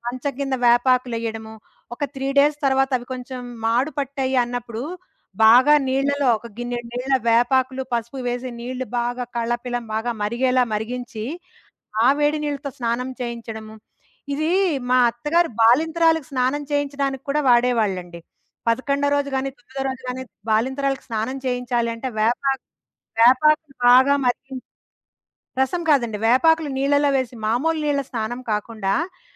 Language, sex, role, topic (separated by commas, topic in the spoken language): Telugu, female, guest, ఆయుర్వేదం లేదా సంప్రదాయ చికిత్సలు మీకు ఏ విధంగా ఉపయోగపడ్డాయి?